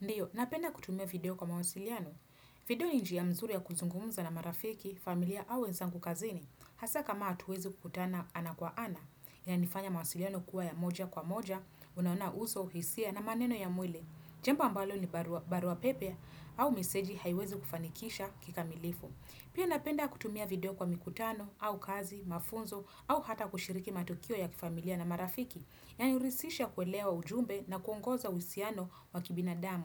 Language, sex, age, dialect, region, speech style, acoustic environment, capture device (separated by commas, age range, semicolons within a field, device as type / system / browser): Swahili, female, 25-34, Kenya - Nairobi Sheng-influenced, Kenya, happy, nature, desktop / Windows / Chrome